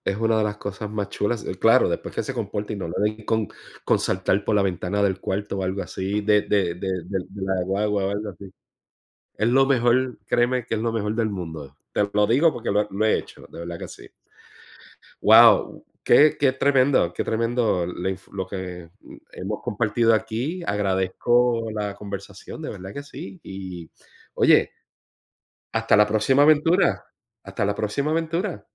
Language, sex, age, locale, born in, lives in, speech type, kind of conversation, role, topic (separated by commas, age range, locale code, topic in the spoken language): Spanish, male, 45-49, es-US, Puerto Rico, United States, conversation, unstructured, none, ¿Hay alguna aventura que te gustaría vivir?